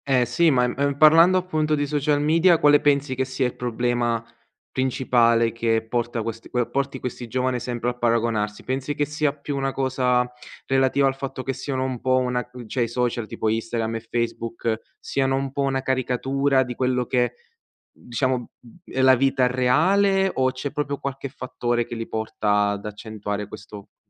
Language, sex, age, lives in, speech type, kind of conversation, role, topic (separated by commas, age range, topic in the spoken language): Italian, male, 20-24, Italy, conversation, podcast, host, Cosa ti aiuta a smettere di paragonarti agli altri?